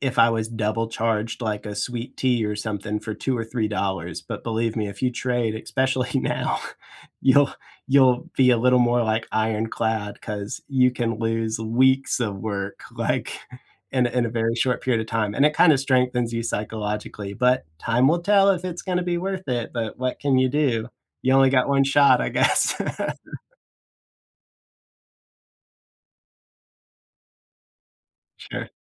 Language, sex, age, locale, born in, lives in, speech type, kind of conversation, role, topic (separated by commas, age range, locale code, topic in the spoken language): English, male, 35-39, en-US, United States, United States, conversation, unstructured, none, What is one small habit that has changed your day?